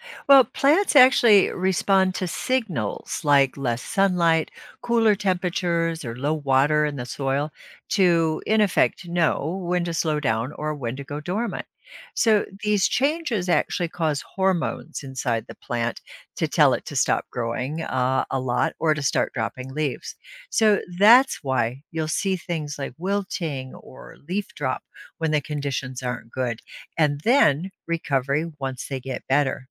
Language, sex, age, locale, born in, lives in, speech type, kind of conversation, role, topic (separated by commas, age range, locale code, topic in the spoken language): English, female, 65-69, en-US, United States, United States, read, expert-assistant, expert, How do plants make their own food?